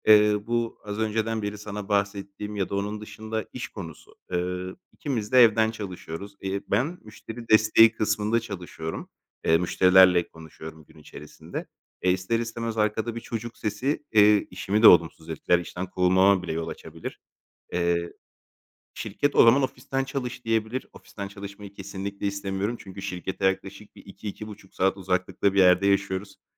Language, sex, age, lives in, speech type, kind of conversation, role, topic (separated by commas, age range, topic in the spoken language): Turkish, male, 30-34, Greece, conversation, advice, user, Evlilik ve çocuk sahibi olma beklentisi